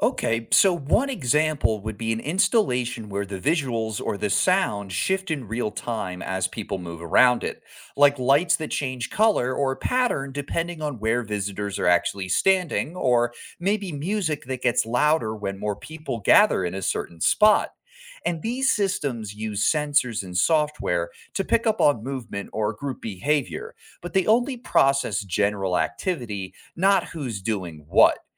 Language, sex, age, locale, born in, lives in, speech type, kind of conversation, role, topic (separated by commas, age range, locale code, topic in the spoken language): English, male, 25-29, en-US, United States, United States, read, expert-assistant, expert, How can digital art become more interactive for audiences?